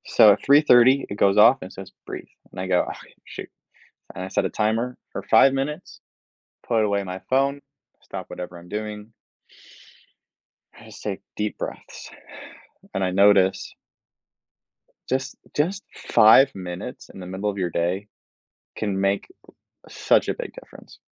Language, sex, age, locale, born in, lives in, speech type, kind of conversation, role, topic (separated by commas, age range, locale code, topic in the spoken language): English, male, 20-24, en-US, United States, United States, conversation, podcast, guest, How do small everyday moments contribute to your overall happiness?